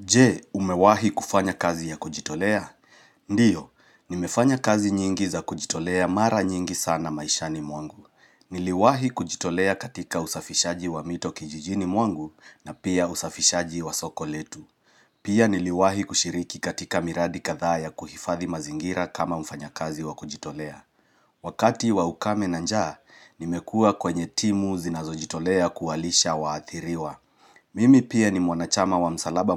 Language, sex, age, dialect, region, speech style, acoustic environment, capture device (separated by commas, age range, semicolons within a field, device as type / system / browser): Swahili, male, 35-44, Kenya - Nairobi Sheng-influenced, Kenya, happy; relaxed; focused, nature; silence; appliances, mobile / Linux / Chrome